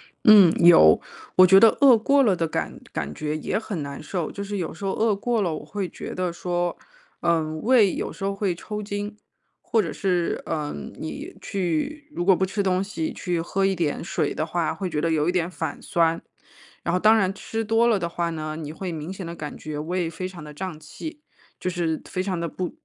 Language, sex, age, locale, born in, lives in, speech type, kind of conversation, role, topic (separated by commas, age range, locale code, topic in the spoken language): Chinese, female, 35-39, zh-CN, China, United States, conversation, advice, user, 如何倾听并遵从身体的饥饿与饱足信号？